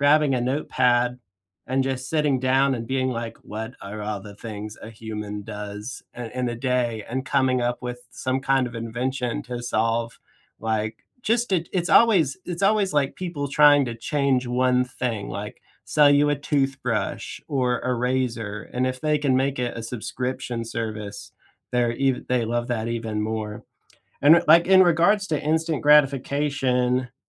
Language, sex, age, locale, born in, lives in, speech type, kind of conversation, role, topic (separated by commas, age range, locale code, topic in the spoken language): English, male, 35-39, en-US, United States, United States, conversation, unstructured, none, What is one small habit that has changed your day?